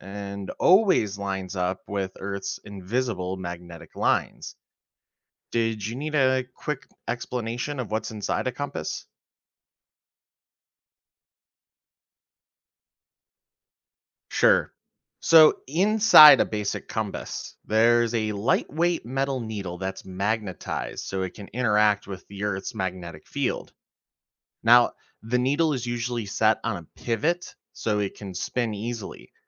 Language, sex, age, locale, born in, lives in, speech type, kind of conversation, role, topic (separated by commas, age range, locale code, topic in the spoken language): English, male, 25-29, en-US, United States, United States, read, expert-assistant, expert, How does a compass work?